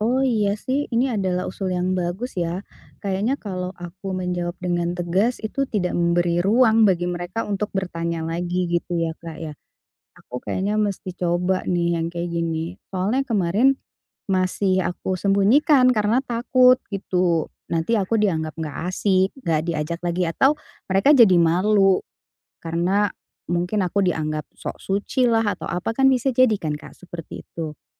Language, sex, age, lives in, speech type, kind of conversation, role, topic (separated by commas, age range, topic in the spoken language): Indonesian, female, 40-44, Indonesia, conversation, advice, user, Bagaimana saya bisa menjaga integritas dan tetap jujur saat menghadapi tekanan dari teman?